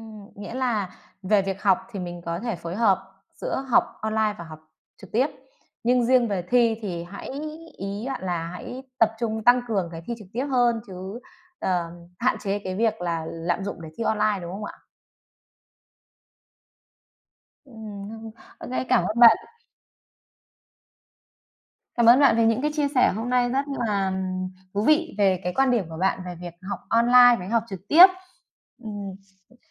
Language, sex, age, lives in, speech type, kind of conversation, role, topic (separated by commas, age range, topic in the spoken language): Vietnamese, female, 30-34, Vietnam, conversation, podcast, host, Bạn nghĩ thế nào về việc học trực tuyến so với học trực tiếp?